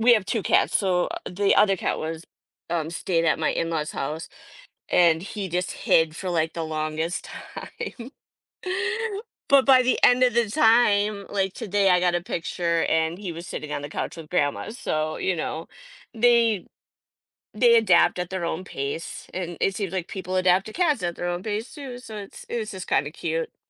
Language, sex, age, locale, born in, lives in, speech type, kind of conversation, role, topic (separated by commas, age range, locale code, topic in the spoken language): English, female, 50-54, en-US, United States, United States, conversation, unstructured, none, How do pets help people cope with stress or sadness?